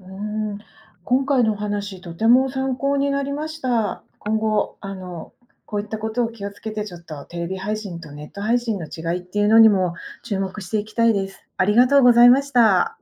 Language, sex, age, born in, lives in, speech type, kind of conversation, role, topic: Japanese, female, 50-54, Japan, Japan, read, expert-assistant, asker, テレビ放送とネット配信の違いは何ですか？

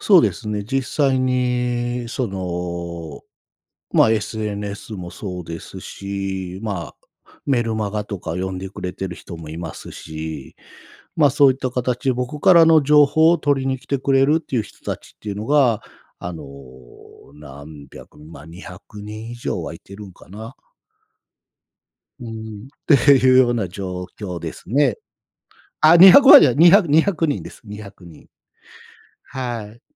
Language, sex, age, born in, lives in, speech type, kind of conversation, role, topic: Japanese, male, 45-49, Japan, Japan, conversation, podcast, guest, 今の仕事に就くまで、どのような経緯がありましたか?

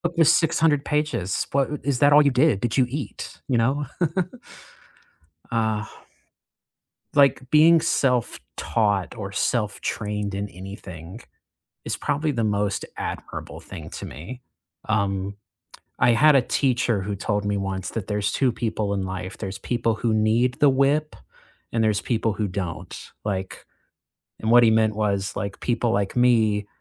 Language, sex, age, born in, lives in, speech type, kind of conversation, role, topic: English, male, 25-29, United States, United States, conversation, unstructured, none, Which skill do you admire in others and want to learn?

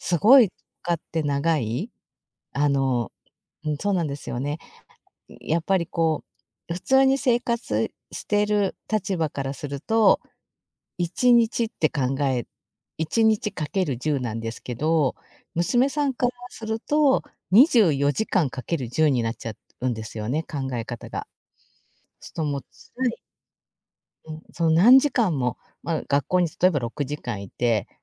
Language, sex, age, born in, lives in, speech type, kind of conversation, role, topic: Japanese, female, 50-54, Japan, Japan, conversation, advice, advisor, 苦しい時期にいる友人をどう支えればいいですか？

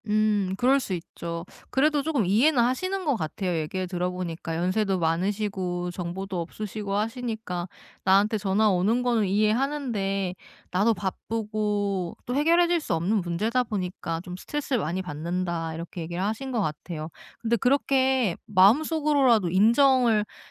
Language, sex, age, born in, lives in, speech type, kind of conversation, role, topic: Korean, female, 30-34, South Korea, South Korea, conversation, advice, advisor, 부모님이나 친인척의 기대 때문에 생기는 역할 충돌과 갈등을 어떻게 해결하면 좋을까요?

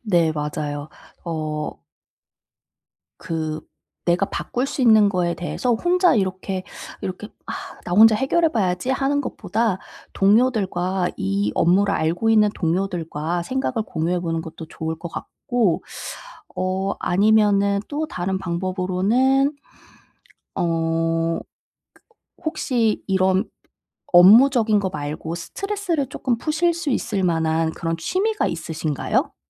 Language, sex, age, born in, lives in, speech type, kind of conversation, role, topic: Korean, female, 30-34, South Korea, South Korea, conversation, advice, advisor, 통제할 수 없는 상황에서 어떻게 평정을 유지할 수 있을까요?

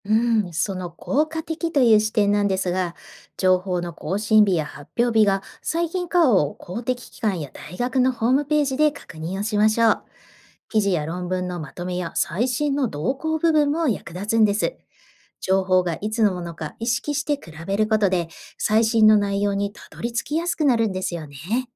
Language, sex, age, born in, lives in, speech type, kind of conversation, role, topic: Japanese, female, 45-49, Japan, Japan, read, expert-assistant, expert, インターネットで作物科学の情報を調べるとき、どんな点に気をつければ質の高い情報にたどり着けますか？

